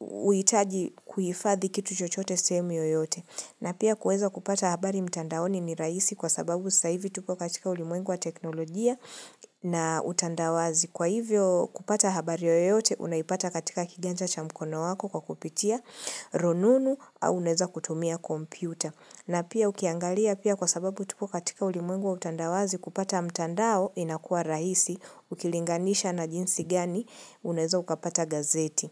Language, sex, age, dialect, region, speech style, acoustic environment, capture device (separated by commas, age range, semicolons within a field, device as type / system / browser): Swahili, female, 25-34, Kenya - Nairobi Sheng-influenced, Kenya, relaxed, silence, mobile / Linux / Chrome